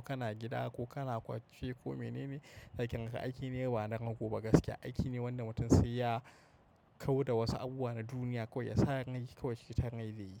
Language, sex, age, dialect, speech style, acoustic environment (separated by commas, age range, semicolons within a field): Hausa, male, 25-34, Nigeria - Kano, relaxed, nature; silence; appliances